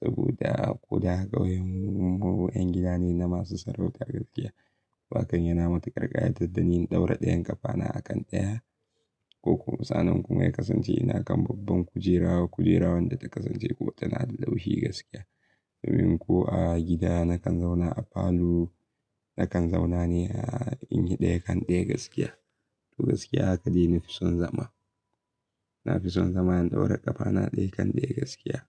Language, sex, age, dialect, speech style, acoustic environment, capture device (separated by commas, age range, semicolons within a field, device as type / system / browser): Hausa, male, 18-24, Nigeria - Kano, excited; happy, nature; silence, mobile / Linux / Chrome